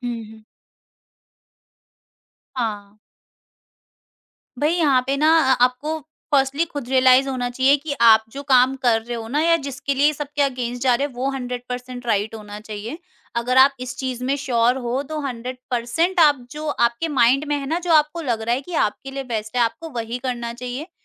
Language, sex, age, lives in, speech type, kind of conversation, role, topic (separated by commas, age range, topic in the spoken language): Hindi, female, 50-54, India, conversation, podcast, guest, Aapki zindagi ka sabse bada turning point kab aaya tha?